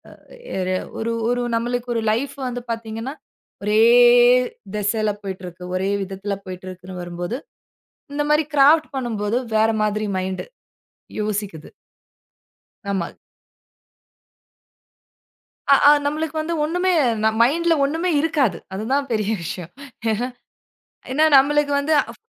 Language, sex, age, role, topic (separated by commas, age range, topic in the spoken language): Tamil, female, 35-39, guest, நீங்கள் தினமும் படைப்புப் பணிக்காக எந்த தினசரி நடைமுறையைப் பின்பற்றுகிறீர்கள்?